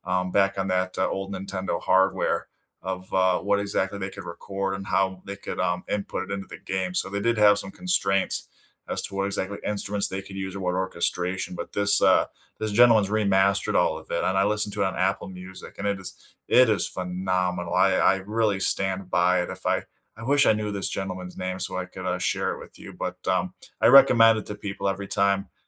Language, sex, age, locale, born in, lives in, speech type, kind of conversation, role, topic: English, male, 25-29, en-US, United States, United States, conversation, unstructured, none, What music feels like home to you, and what memories does it bring back?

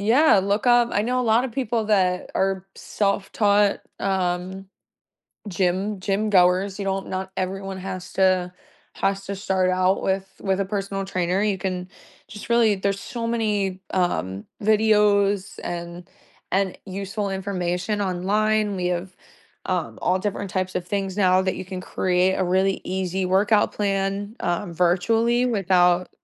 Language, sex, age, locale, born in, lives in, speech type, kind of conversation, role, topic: English, female, 25-29, en-US, United States, United States, conversation, podcast, guest, What are the key steps to building a safe and sustainable workout routine for beginners?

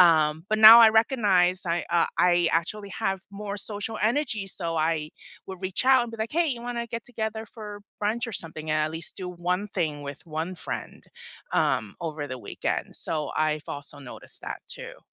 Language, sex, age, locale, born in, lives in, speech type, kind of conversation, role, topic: English, female, 45-49, en-US, United States, United States, conversation, unstructured, none, Which work setup—remote, hybrid, or on-site—fits you best, and how does it shape your day and relationships?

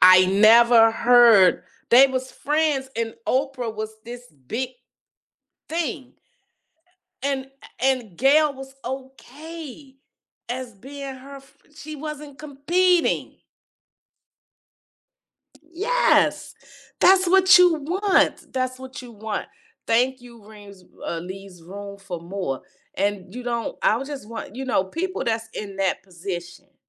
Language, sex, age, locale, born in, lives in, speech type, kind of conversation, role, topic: English, female, 55-59, en-US, United States, United States, conversation, podcast, guest, What qualities help friendships last through challenges and change?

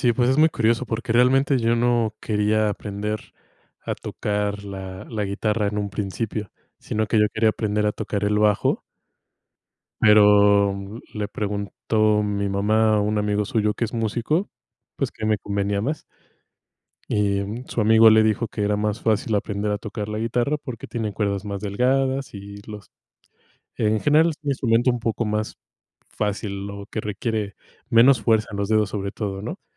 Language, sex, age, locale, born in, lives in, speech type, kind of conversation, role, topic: Spanish, male, 25-29, es-MX, Mexico, Mexico, conversation, unstructured, none, ¿Has descubierto algo inesperado sobre ti gracias a algún pasatiempo?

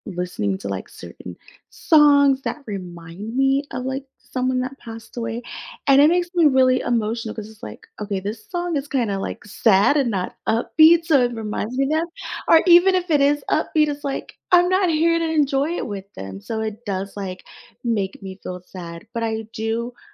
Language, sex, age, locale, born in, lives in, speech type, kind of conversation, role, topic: English, female, 30-34, en-US, United States, United States, conversation, podcast, guest, How do people find comfort and support after experiencing loss?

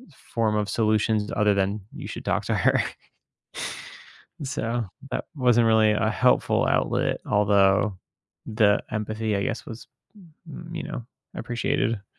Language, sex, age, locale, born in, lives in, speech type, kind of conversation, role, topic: English, male, 30-34, en-US, United States, United States, conversation, advice, user, How can I tell if quitting my job for more freedom is a realistic option for me?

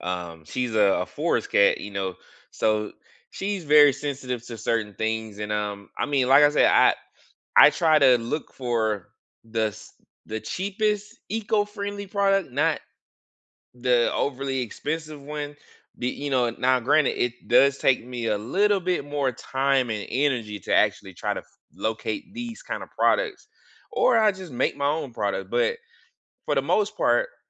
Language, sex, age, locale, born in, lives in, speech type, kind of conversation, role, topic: English, male, 35-39, en-US, United States, United States, conversation, unstructured, none, Is it worth paying extra for eco-friendly products, or should you save money by buying the cheapest option?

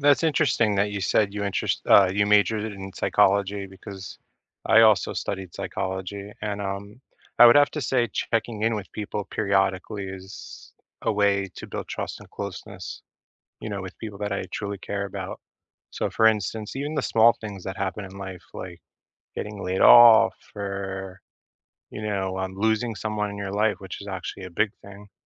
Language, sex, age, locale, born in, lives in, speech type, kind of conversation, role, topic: English, male, 35-39, en-US, United States, United States, conversation, unstructured, none, What small, everyday gestures help you build trust and closeness with the people you care about?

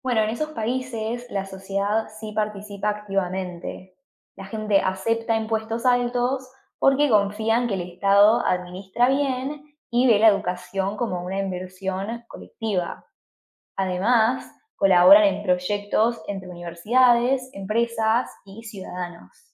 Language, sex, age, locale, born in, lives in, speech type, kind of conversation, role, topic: Spanish, female, 20-24, es-AR, Argentina, United States, read, expert-assistant, expert, ¿Por qué algunos países ofrecen educación superior gratuita y cómo influye la participación social?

